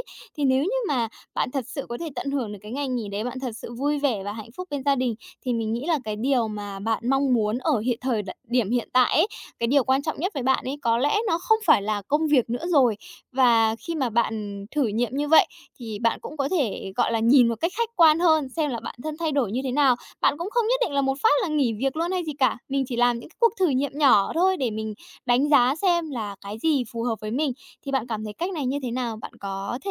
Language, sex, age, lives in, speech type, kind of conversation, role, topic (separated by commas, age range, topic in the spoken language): Vietnamese, female, 20-24, Japan, conversation, advice, advisor, Làm thế nào để ưu tiên giữa gia đình, công việc và thời gian cho bản thân?